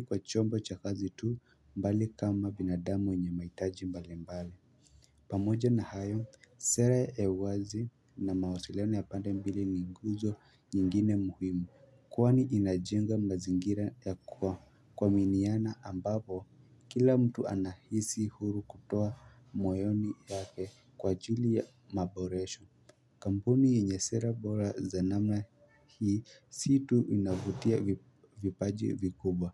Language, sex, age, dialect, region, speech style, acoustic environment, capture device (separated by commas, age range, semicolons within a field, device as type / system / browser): Swahili, male, 18-24, Kenya - Nairobi Sheng-influenced, Kenya, happy; excited, silence, mobile / Linux / Chrome